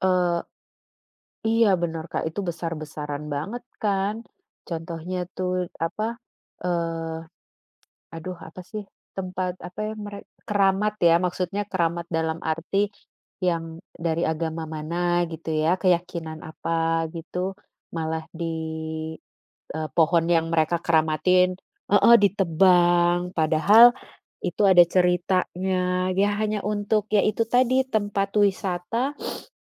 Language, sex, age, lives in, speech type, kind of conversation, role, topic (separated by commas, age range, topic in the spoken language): Indonesian, female, 35-39, Netherlands, conversation, unstructured, none, Apakah kamu setuju bahwa banyak tempat wisata menjadi terlalu komersial dan kehilangan keasliannya?